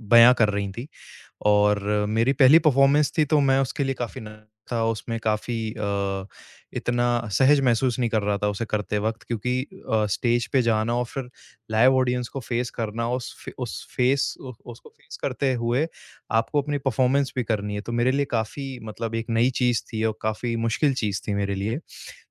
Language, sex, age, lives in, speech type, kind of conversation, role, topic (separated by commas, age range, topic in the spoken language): Hindi, male, 25-29, India, conversation, advice, user, प्रदर्शन समीक्षा में नकारात्मक टिप्पणियों से मेरे करियर पर क्या असर पड़ सकता है?